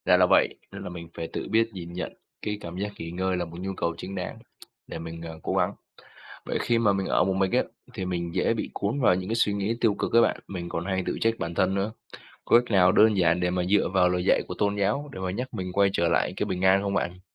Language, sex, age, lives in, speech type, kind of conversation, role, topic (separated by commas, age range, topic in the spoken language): Vietnamese, male, 20-24, Vietnam, read, expert-assistant, asker, Làm sao tìm bình an tinh thần nhờ lời dạy tôn giáo khi gặp khủng hoảng?